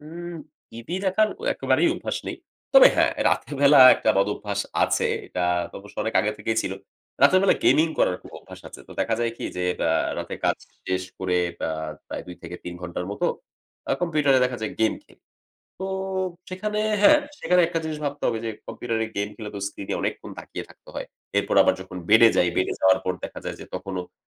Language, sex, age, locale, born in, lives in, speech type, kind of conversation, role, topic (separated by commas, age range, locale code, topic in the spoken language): Bengali, male, 30-34, bn-BD, Bangladesh, Bangladesh, conversation, advice, user, ঘুমের ওষুধ বা ঘুমের সহায়ক সাপ্লিমেন্ট নেওয়া উচিত কি না—এ নিয়ে আপনার কি দ্বিধা আছে?